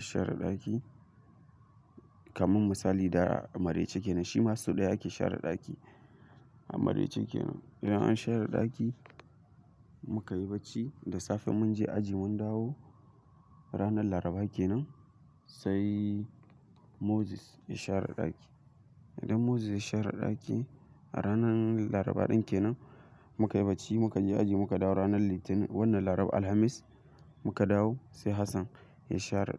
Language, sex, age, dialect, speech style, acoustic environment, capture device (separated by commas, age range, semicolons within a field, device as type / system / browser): Hausa, male, 18-24, Nigeria - Kano, excited; focused, nature, mobile / Linux / Chrome